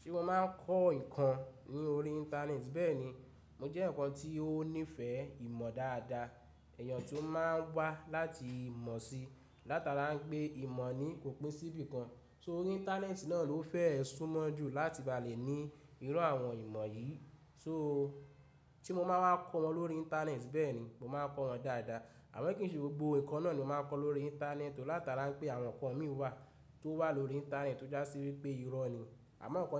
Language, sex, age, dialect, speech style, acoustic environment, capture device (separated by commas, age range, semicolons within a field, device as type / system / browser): Yoruba, male, 25-34, Nigeria - Ibadan, excited, people talking, mobile / Linux / Chrome